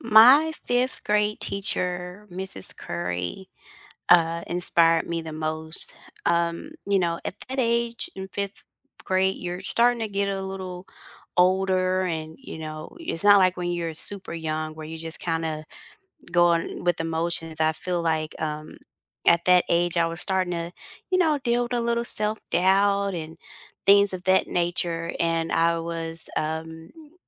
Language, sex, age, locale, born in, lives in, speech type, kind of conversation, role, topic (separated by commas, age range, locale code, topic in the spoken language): English, female, 40-44, en-US, United States, United States, conversation, unstructured, none, Which teacher has inspired you the most, and what did they do that still impacts you?